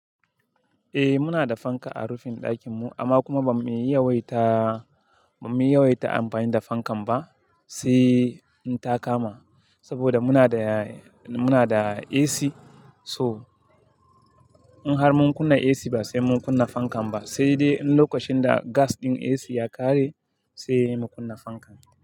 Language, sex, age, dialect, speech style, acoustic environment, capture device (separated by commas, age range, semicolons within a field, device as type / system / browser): Hausa, male, 25-34, Nigeria - Kano, happy; excited; focused, silence; people talking, mobile / Linux / Chrome